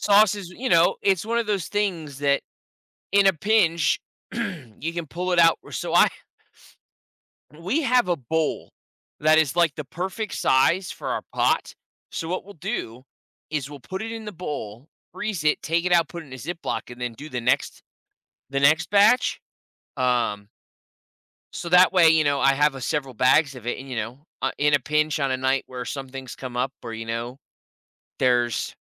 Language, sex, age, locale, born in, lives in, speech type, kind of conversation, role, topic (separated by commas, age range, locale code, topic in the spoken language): English, male, 30-34, en-US, United States, United States, conversation, unstructured, none, How can I learn from a kitchen disaster and laugh about it?